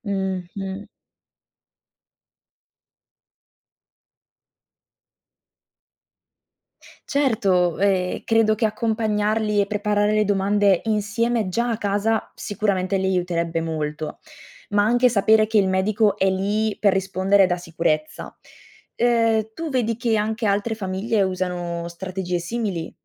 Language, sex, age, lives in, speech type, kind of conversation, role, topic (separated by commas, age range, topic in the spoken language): Italian, female, 20-24, Italy, read, expert-assistant, asker, Qual è il modo migliore per aiutare i pazienti a ricordare le indicazioni del medico?